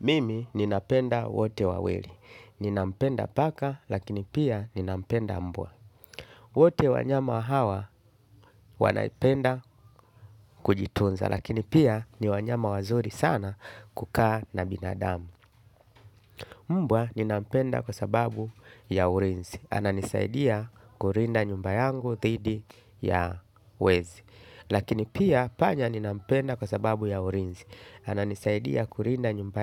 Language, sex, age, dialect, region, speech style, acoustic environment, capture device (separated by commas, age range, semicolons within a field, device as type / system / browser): Swahili, male, 35-44, Tanzania - Dar es Salaam, Tanzania, happy, nature, desktop / Linux / Chrome